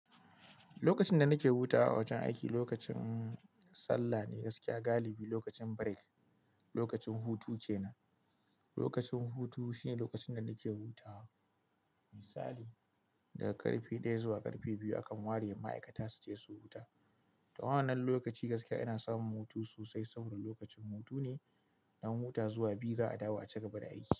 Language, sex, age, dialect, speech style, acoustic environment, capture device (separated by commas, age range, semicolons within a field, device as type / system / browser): Hausa, male, 25-34, Nigeria - Kano, happy, silence, mobile / Linux / Chrome